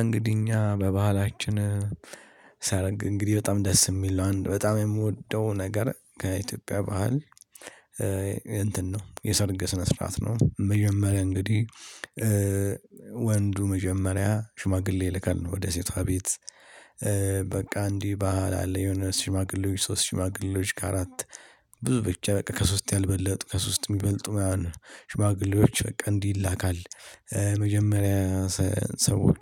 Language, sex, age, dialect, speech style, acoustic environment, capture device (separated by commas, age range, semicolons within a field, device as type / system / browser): Amharic, male, 18-24, Ethiopia - Addis Ababa, stressed; happy, people talking, mobile / Linux / Chrome